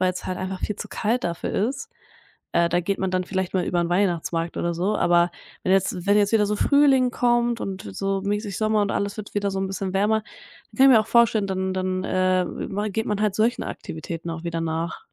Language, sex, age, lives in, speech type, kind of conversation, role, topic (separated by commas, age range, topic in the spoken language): German, female, 20-24, Germany, conversation, podcast, guest, Wie bereitest du dich auf wechselnde Jahreszeiten vor?